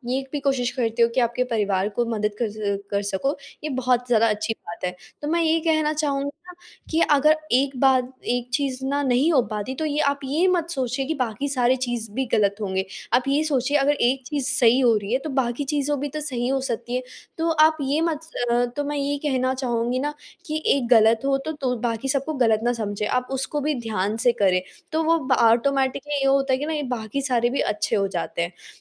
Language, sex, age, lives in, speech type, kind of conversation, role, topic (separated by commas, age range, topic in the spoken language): Hindi, female, 18-19, India, conversation, advice, advisor, मैं नियत समय पर गहराई से काम करने की आदत क्यों नहीं बना पा रहा/रही हूँ?